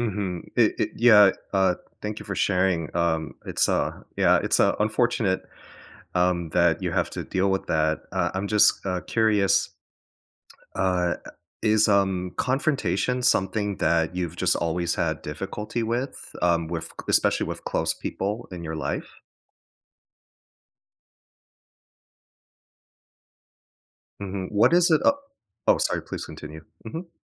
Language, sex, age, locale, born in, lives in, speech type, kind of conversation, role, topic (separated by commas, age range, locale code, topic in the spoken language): English, male, 35-39, en-US, United States, United States, conversation, advice, advisor, How can I set boundaries with family members?